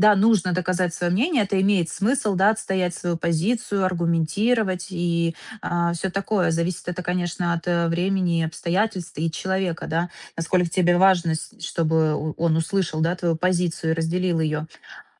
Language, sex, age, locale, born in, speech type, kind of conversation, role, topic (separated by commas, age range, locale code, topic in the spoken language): Russian, female, 30-34, ru-RU, Ukraine, conversation, advice, advisor, Как научиться принимать критику без защитных реакций и сохранять спокойствие?